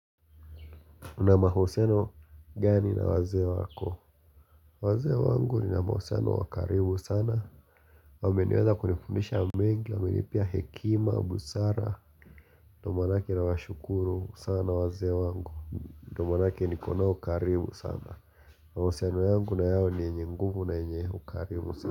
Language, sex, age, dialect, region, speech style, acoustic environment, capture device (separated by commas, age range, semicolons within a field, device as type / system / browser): Swahili, male, 25-34, Kenya - Mombasa, Kenya, relaxed, silence, mobile / Linux / Chrome